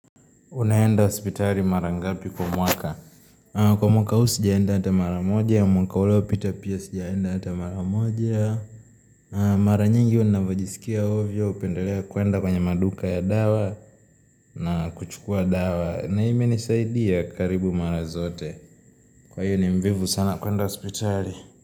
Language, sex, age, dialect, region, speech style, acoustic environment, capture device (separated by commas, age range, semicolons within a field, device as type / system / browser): Swahili, male, 18-24, Tanzania - Dar es Salaam, Tanzania, relaxed, nature, mobile / Linux / Chrome